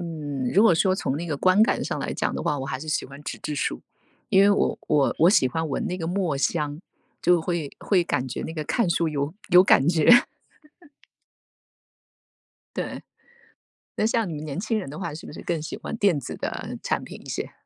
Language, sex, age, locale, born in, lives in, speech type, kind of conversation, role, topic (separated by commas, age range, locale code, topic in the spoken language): Chinese, female, 45-49, zh-TW, China, United States, conversation, unstructured, none, 在学习时，你更喜欢用纸质书还是电子书？